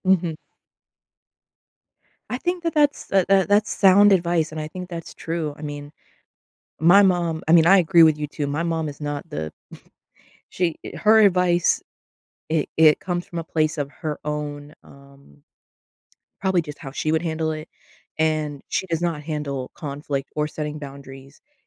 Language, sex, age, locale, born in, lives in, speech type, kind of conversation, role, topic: English, female, 25-29, en-US, United States, United States, conversation, advice, user, How can I stay calm and defuse tension at a family gathering?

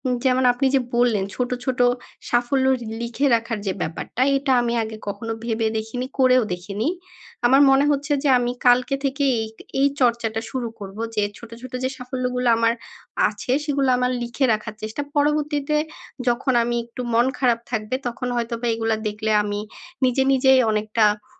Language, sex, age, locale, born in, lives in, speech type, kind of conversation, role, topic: Bengali, female, 25-29, bn-BD, Bangladesh, Bangladesh, conversation, advice, user, প্রত্যাশা পূরণ না হলে কীভাবে আবার আশা ফিরে পেতে পারি?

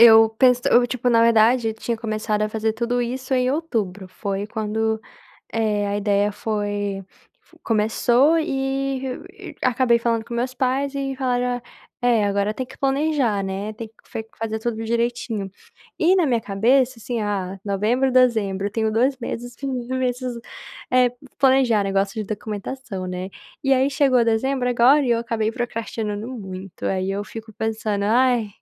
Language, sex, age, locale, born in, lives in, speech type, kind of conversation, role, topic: Portuguese, female, 20-24, pt-BR, Brazil, United States, conversation, advice, user, Como posso descansar e curtir filmes e música sem sentir culpa nem ansiedade?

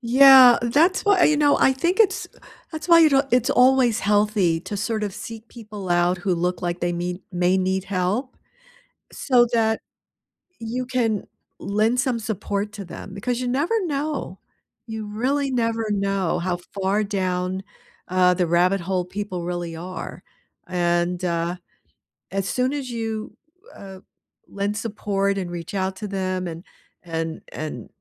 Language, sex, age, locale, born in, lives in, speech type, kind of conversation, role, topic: English, female, 70-74, en-US, United States, United States, conversation, unstructured, none, How can helping others make you feel happier?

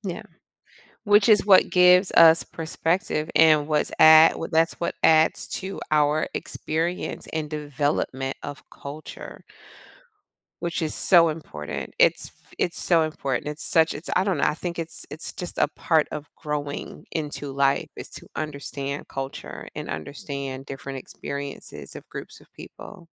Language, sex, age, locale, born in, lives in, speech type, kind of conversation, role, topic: English, female, 45-49, en-US, United States, United States, conversation, podcast, guest, How do people create a sense of belonging and connection within their communities?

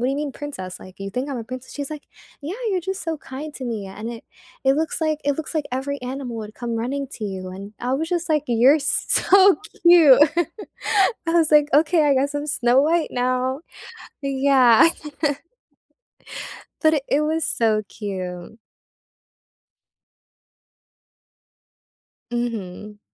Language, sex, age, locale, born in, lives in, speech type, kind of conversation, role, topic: English, female, 18-19, en-US, United States, United States, conversation, unstructured, none, What helps you feel comfortable in your own skin?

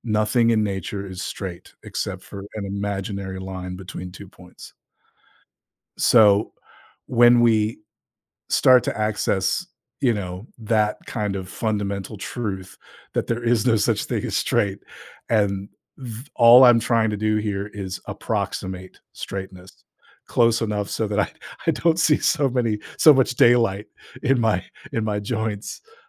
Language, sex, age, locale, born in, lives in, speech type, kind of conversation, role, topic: English, male, 50-54, en-US, United States, United States, conversation, podcast, guest, How does creative expression shape your life and personal growth?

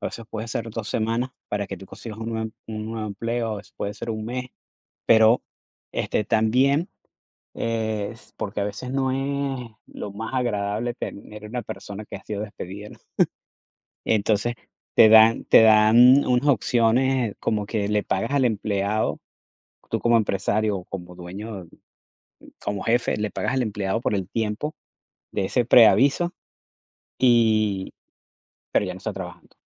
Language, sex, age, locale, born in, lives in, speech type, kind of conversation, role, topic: Spanish, male, 45-49, es-VE, Venezuela, United States, conversation, unstructured, none, ¿Qué opinas de los despidos sin previo aviso?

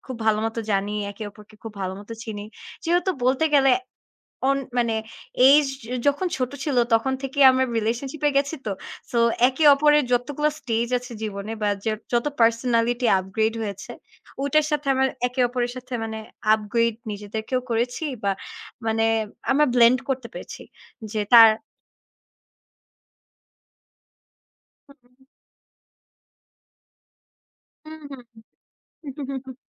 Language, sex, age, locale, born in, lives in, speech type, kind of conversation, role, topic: Bengali, female, 20-24, bn-BD, Bangladesh, Bangladesh, conversation, unstructured, none, ভালোবাসার সম্পর্কে বিশ্বাস কীভাবে বজায় রাখা যায়?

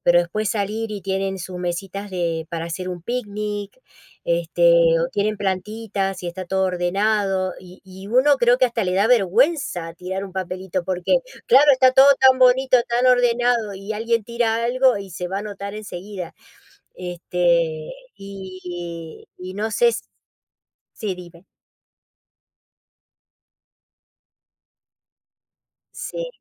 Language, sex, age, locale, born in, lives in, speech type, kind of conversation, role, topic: Spanish, female, 55-59, es-AR, Argentina, United States, conversation, unstructured, none, ¿Deberían las personas limpiar después de usar un espacio público?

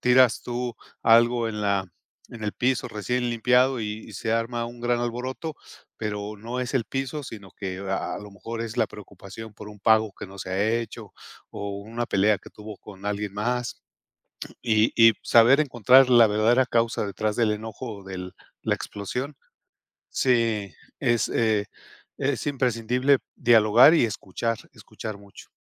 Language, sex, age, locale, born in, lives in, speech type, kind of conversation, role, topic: Spanish, male, 55-59, es-MX, Mexico, Mexico, conversation, podcast, guest, ¿Cómo manejas las conversaciones difíciles con tu familia?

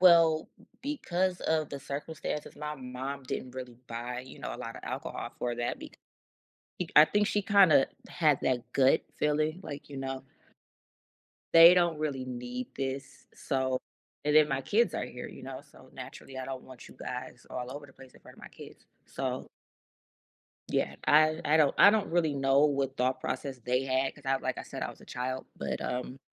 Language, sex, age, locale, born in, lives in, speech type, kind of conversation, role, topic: English, female, 35-39, en-US, United States, United States, conversation, unstructured, none, In what ways do holiday traditions impact your relationships with family members?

